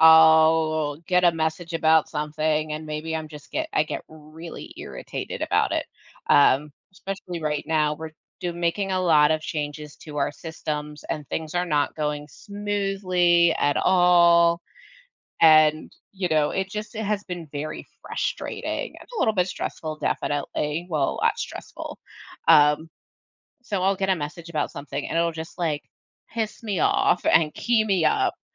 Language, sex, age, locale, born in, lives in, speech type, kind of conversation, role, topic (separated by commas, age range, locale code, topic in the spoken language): English, female, 35-39, en-US, United States, United States, conversation, podcast, guest, What habits or routines help you stay calm and balanced during stressful times?